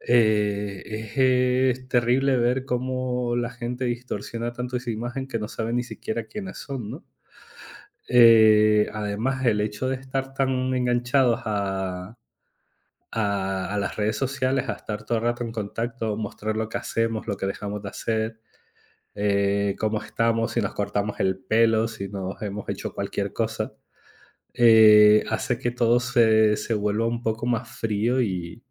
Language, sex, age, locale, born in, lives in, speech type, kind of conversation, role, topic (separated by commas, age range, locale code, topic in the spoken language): Spanish, male, 35-39, es-ES, Spain, Spain, conversation, unstructured, none, ¿Crees que las redes sociales ejercen demasiada presión sobre la imagen corporal?